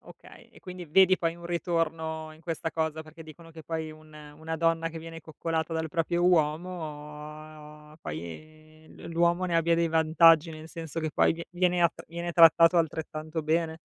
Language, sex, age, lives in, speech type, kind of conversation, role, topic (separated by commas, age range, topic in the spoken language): Italian, female, 35-39, Italy, conversation, unstructured, none, Quando è lecito dire una bugia?